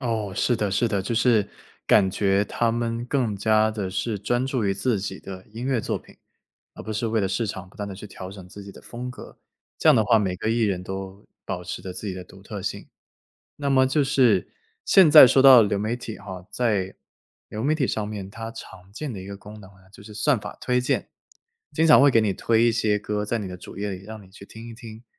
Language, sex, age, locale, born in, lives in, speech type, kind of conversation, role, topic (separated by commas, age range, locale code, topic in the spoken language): Chinese, male, 25-29, zh-CN, China, United States, conversation, podcast, host, 流媒体改变了你的听歌习惯吗？